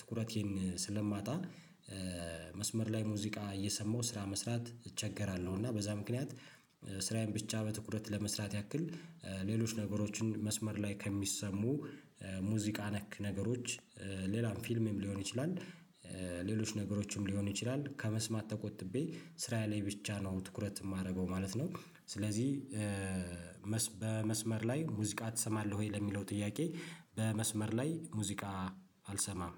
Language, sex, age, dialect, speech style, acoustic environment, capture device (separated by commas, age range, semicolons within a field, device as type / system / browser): Amharic, male, 35-44, Ethiopia - Addis Ababa, happy; relaxed, silence, mobile / Linux / Chrome